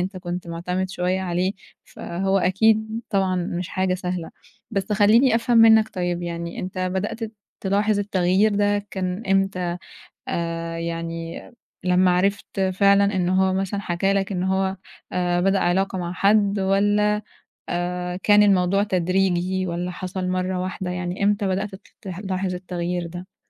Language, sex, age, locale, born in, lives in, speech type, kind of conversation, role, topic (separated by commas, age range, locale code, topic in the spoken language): Arabic, female, 20-24, ar-EG, Egypt, Egypt, conversation, advice, advisor, إزاي أتعامل مع صاحبي اللي اتغيّر بعد ما بدأ علاقة أو شغل جديد؟